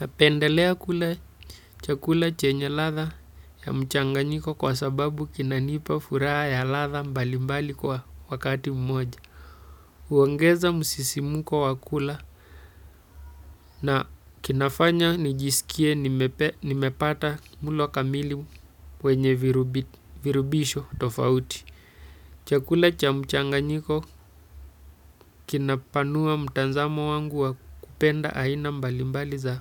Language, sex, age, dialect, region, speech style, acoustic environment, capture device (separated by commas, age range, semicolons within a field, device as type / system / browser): Swahili, male, 35-44, Kenya - Mombasa, Kenya, relaxed, silence, desktop / Windows / Chrome